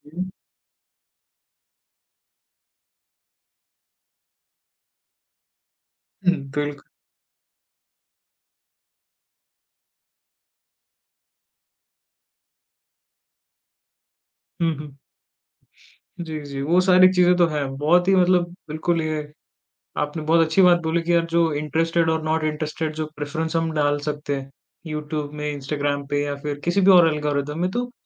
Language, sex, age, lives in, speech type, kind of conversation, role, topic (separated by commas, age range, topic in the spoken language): Hindi, male, 20-24, India, conversation, podcast, host, Kya algorithms ne hamari manoranjan ki pasand ko seemit kar diya hai?